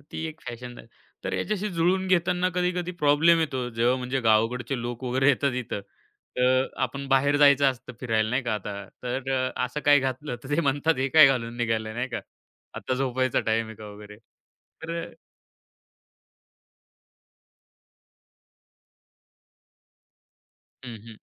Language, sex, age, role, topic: Marathi, male, 25-29, guest, पारंपरिक आणि आधुनिक जीवनशैलीत तुम्ही समतोल कसा साधता?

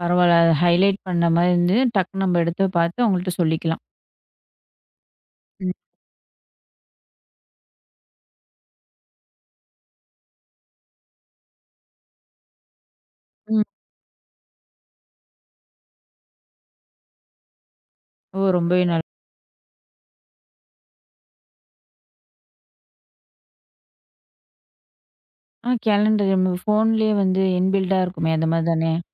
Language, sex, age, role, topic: Tamil, female, 25-29, host, நீங்கள் வேலைக்காக அடிக்கடி பயன்படுத்தும் உற்பத்தித்திறன் செயலிகள் எவை?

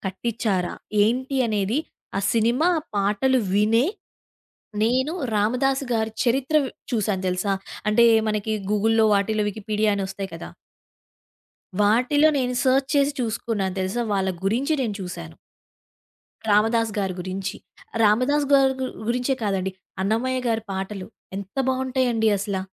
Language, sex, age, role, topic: Telugu, female, 20-24, guest, సినిమా పాటలు నీ సంగీత అభిరుచులను ఎంతవరకు మార్చాయి?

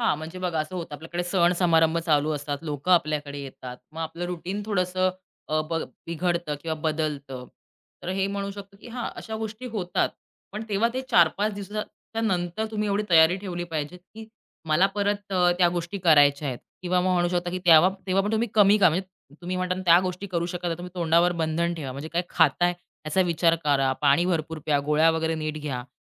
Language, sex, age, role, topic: Marathi, female, 30-34, guest, रूटीन पडल्यानंतर पुन्हा कसा सुरू करतोस?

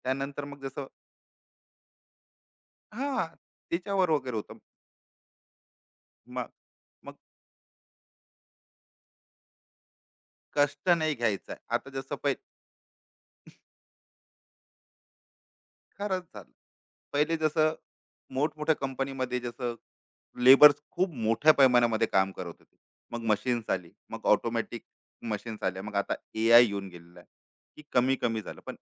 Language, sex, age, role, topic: Marathi, male, 35-39, guest, शिकण्यासाठी वेळ द्यायचा की लगेच कमाई करायची?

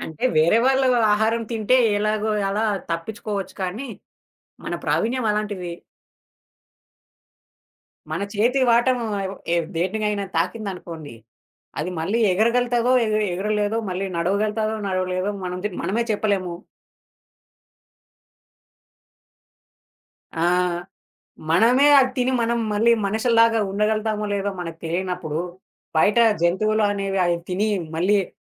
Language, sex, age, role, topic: Telugu, male, 20-24, guest, అలసిపోయిన రోజుల్లో తక్కువ శ్రమతో రుచికరంగా వంటలు ఎలా తయారు చేస్తారు?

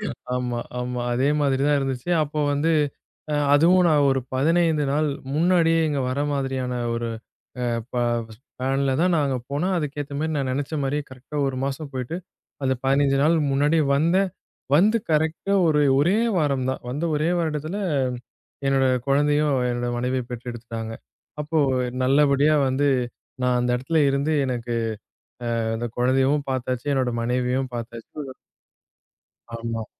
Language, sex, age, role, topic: Tamil, male, 20-24, guest, நீங்கள் ஒரு பெரிய சவாலை எப்படி எதிர்கொண்டீர்கள்?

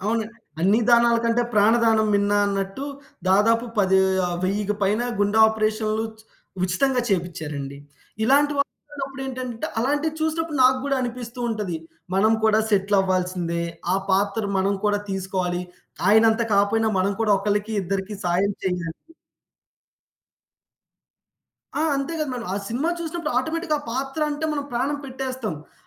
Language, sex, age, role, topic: Telugu, male, 20-24, guest, మనకు కొన్ని పాత్రలపై ప్రేమ ఎలా పుడుతుంది?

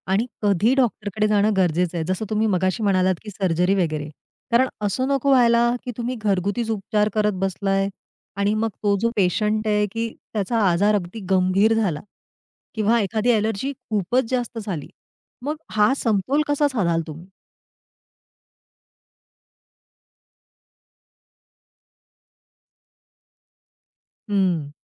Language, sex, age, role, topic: Marathi, female, 40-44, host, शारीरिक दुखण्यांवर तुम्ही कोणते घरगुती उपाय वापरता?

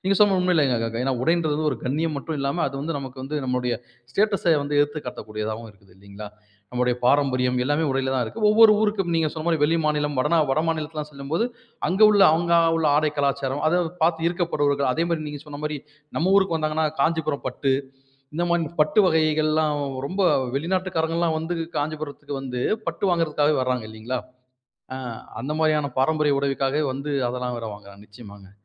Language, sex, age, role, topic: Tamil, male, 40-44, host, பொதுமக்களுக்கு பிடிக்குமாறு நீ உன்னை மாற்றுகிறாயா?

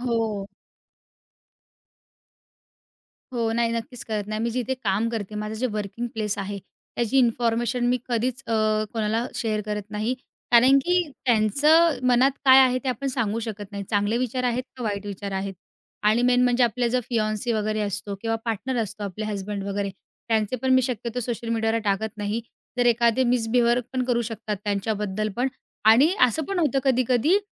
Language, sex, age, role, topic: Marathi, female, 20-24, guest, ऑनलाइन कोणती माहिती शेअर करावी हे तुम्ही कसे ठरवता?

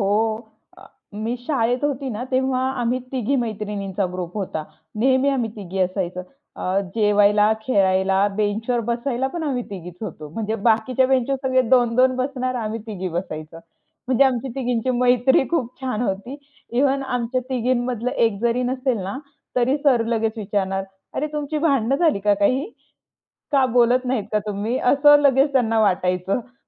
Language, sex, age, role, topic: Marathi, female, 25-29, guest, तुम्ही शाळेचा डबा उघडला की तुमच्या मनात कोणत्या आठवणी जाग्या होतात?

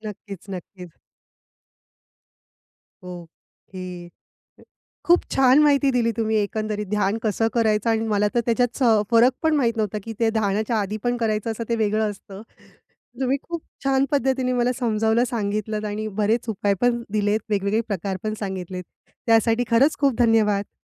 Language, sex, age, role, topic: Marathi, female, 35-39, host, ध्यान सुरू करण्यासाठी सर्वात सोपा आणि प्रभावी उपाय कोणता सुचवाल?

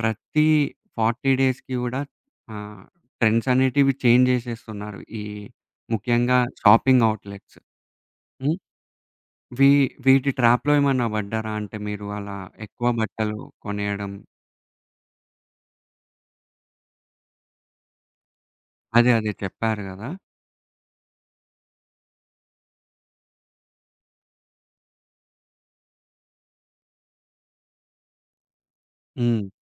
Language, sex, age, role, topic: Telugu, male, 20-24, host, సోషల్ మీడియా మీ స్టైల్‌ను ఎలా ప్రభావితం చేసింది?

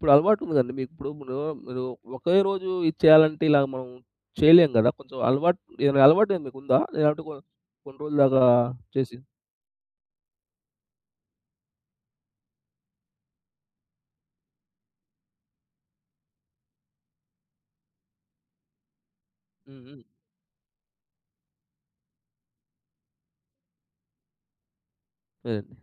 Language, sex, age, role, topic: Telugu, male, 30-34, host, సోషల్ మీడియా వాడకాన్ని తగ్గించిన తర్వాత మీ నిద్రలో ఎలాంటి మార్పులు గమనించారు?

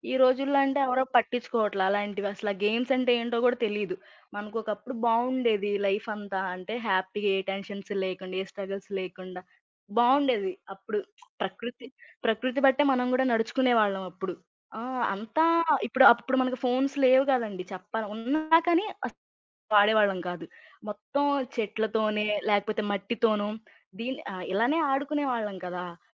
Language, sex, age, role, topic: Telugu, female, 20-24, guest, ప్రకృతిలో గడిపిన ఒక్క చిన్న క్షణం మీకు శాంతి ఇచ్చిందా?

